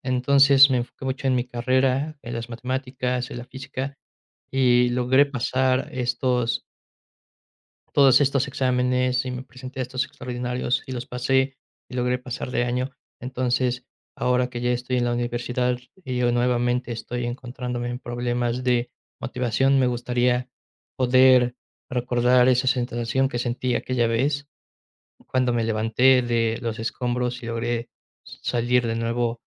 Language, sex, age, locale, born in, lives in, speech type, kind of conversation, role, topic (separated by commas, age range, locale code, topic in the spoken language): Spanish, male, 20-24, es-MX, Mexico, Mexico, conversation, podcast, guest, ¿Qué maestro te marcó y por qué?